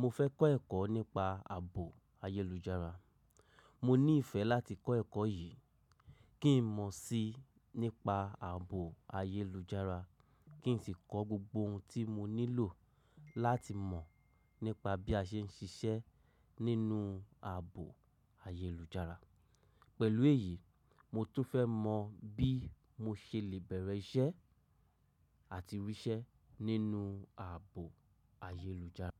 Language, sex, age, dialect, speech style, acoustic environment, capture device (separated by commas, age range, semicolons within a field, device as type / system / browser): Yoruba, male, 35-44, Nigeria - Lagos Yoruba, happy, silence, mobile / macOS / Safari